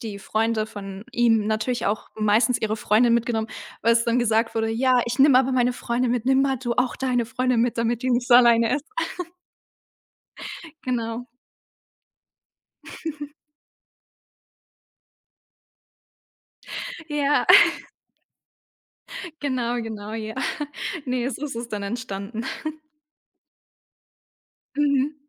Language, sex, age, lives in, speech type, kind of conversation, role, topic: German, female, 18-19, Germany, conversation, podcast, guest, Wie findest du Anschluss in einer neuen Stadt?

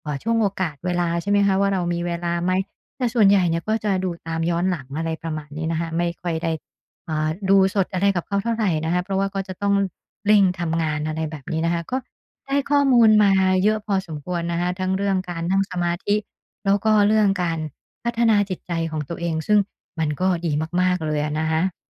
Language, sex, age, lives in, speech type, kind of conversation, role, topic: Thai, female, 50-54, Thailand, conversation, advice, user, คุณจะใช้ข้อมูลที่เก็บจากการติดตามตัวเองเพื่อปรับเปลี่ยนพฤติกรรมได้อย่างไร?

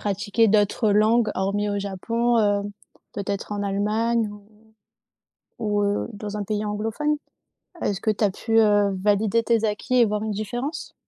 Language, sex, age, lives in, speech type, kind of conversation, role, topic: French, female, 25-29, France, conversation, podcast, host, Quel impact le numérique a-t-il sur l’apprentissage ?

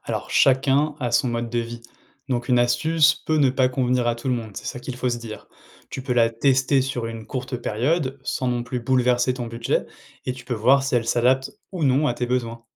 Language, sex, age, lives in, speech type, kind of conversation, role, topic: French, male, 30-34, France, read, expert-assistant, expert, Quand tu lis des astuces sur comment on dépense ou économise, comment tu vérifies si c’est sérieux ?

